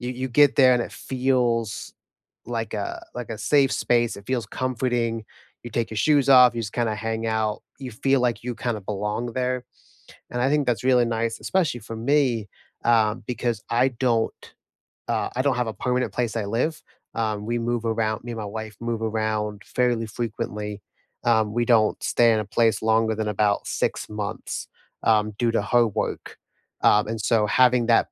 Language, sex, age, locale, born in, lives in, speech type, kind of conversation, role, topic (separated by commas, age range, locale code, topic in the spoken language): English, male, 25-29, en-US, United States, United States, conversation, unstructured, none, Where do you feel most at home, and why?